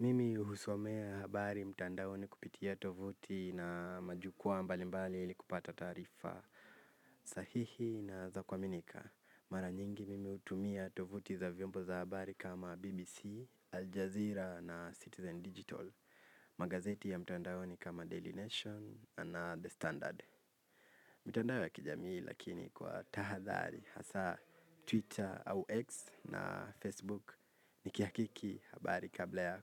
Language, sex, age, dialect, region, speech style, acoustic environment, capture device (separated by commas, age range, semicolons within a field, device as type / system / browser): Swahili, male, 18-24, Kenya - Nairobi Sheng-influenced, Kenya, focused, silence, mobile / Linux / Chrome